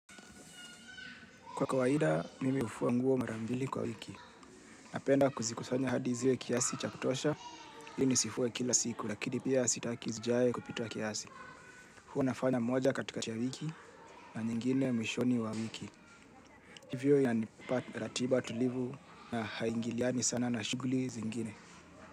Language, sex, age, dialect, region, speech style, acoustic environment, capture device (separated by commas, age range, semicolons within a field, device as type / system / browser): Swahili, male, 25-34, Kenya - Nairobi Sheng-influenced, Kenya, relaxed, people talking; silence, mobile / Linux / Chrome